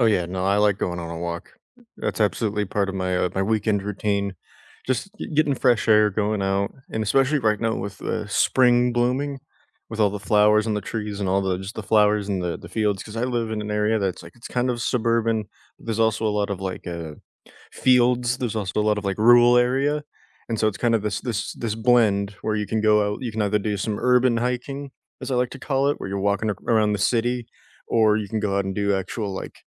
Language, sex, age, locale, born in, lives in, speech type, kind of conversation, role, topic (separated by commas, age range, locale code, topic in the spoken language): English, male, 20-24, en-US, United States, United States, conversation, unstructured, none, Which weekend rituals help you truly recharge, and how can we support each other’s well-being?